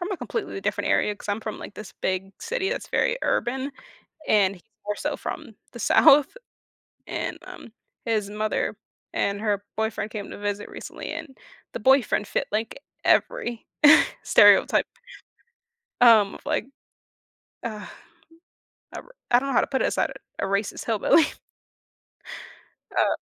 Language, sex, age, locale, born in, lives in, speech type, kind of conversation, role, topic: English, female, 20-24, en-US, United States, United States, conversation, unstructured, none, How do experiences with unfair punishment shape our sense of justice?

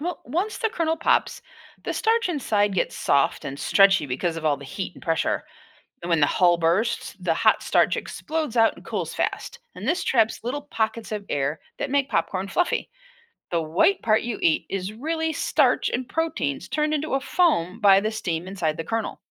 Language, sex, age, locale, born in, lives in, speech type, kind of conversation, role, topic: English, female, 60-64, en-US, United States, United States, read, expert-assistant, expert, How does popcorn pop and what affects its texture and crunchiness?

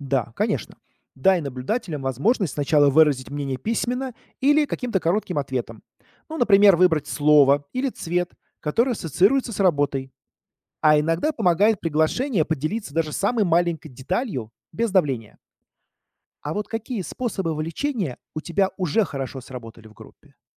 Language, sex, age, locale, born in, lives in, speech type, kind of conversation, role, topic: Russian, male, 40-44, ru-RU, Russia, United States, read, expert-assistant, expert, Как учиться видеть больше смысла в произведениях искусства, а не только факты?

